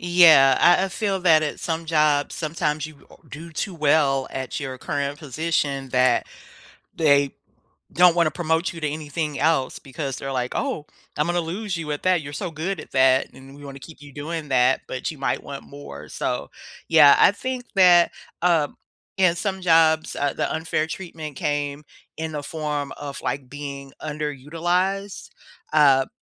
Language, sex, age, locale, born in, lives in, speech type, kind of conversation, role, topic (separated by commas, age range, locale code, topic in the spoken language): English, female, 50-54, en-US, United States, United States, conversation, unstructured, none, How do you handle unfair treatment at work?